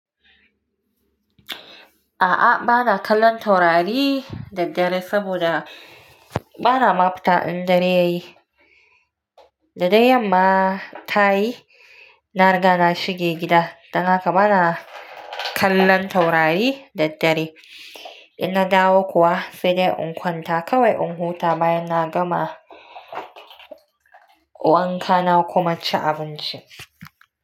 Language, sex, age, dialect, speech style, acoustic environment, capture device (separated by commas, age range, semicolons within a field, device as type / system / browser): Hausa, female, 25-34, Nigeria - Nigerian Standard, relaxed, nature, mobile / Linux / Chrome